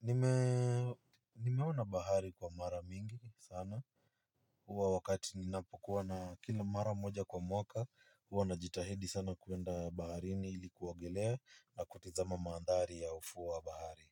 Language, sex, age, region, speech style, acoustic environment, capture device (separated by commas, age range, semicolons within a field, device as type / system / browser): Swahili, male, 25-34, Kenya, relaxed, nature, mobile / Linux / Chrome